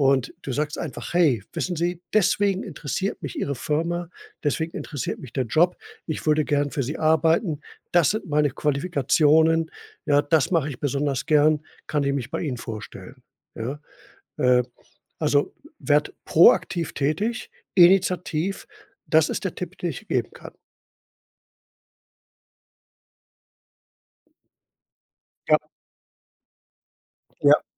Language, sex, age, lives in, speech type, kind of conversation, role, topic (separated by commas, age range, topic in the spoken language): German, male, 65-69, Germany, conversation, advice, advisor, Wie hast du deinen Job verloren, und wie erlebst du die unsichere Phase der Arbeitssuche gerade?